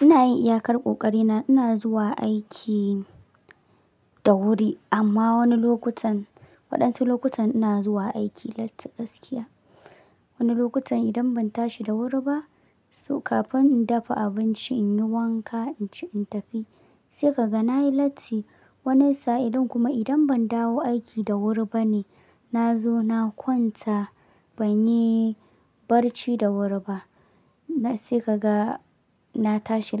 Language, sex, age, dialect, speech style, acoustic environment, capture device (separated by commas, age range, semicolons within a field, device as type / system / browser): Hausa, male, 18-24, Nigeria - Kano, relaxed; happy; excited; focused, silence, mobile / Linux / Chrome